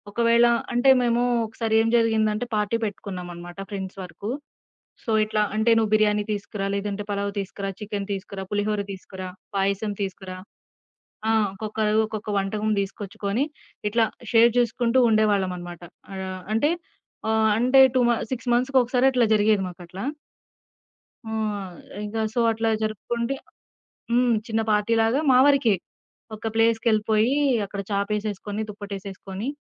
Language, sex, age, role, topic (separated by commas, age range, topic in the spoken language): Telugu, female, 20-24, guest, ఎవరైనా మీతో ఆహారం పంచుకున్నారా, ఆ అనుభవం మీకు ఎలా అనిపించింది?